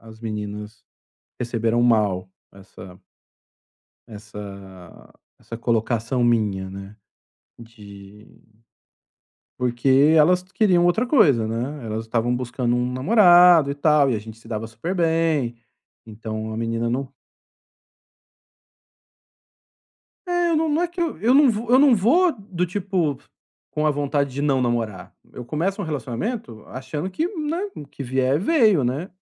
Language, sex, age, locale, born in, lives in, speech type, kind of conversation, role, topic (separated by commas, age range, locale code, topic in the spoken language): Portuguese, male, 45-49, pt-BR, Brazil, Spain, conversation, advice, user, Como posso superar o medo de me apegar novamente?